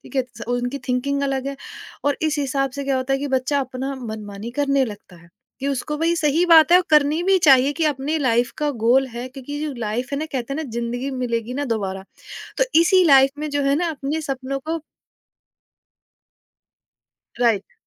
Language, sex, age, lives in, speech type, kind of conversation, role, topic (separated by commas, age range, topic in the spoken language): Hindi, female, 30-34, India, conversation, podcast, guest, Family ki expectations success ko kaise shape karti hain?